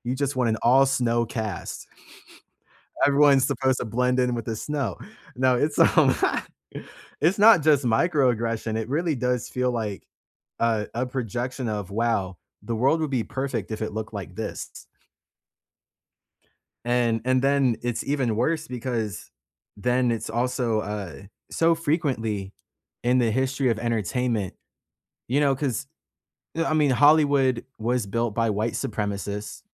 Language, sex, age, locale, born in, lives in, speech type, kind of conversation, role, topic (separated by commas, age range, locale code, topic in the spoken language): English, male, 25-29, en-US, United States, United States, conversation, unstructured, none, What bothers you about the lack of diversity in entertainment?